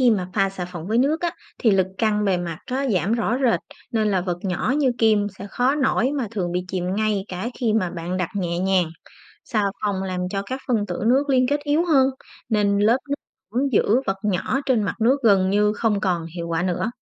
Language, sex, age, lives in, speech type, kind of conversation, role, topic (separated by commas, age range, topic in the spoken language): Vietnamese, female, 30-34, Vietnam, read, expert-assistant, expert, Vì sao khi ta thả một chiếc kim lên mặt nước, kim có thể nổi?